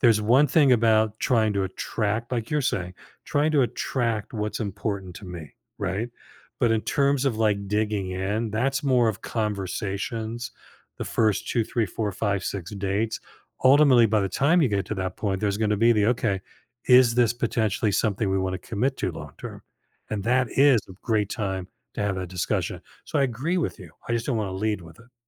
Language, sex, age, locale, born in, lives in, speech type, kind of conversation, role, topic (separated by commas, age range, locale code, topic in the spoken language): English, male, 65-69, en-US, United States, United States, conversation, advice, user, How do I cope after an unexpected long-term breakup?